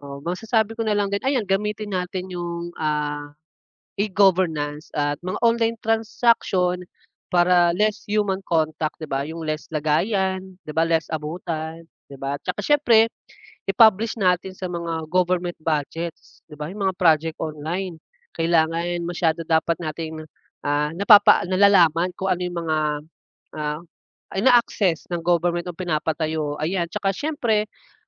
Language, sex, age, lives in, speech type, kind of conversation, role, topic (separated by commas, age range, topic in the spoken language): Filipino, male, 25-29, Philippines, conversation, unstructured, none, Ano ang pakiramdam mo sa mga balita tungkol sa korapsyon sa gobyerno?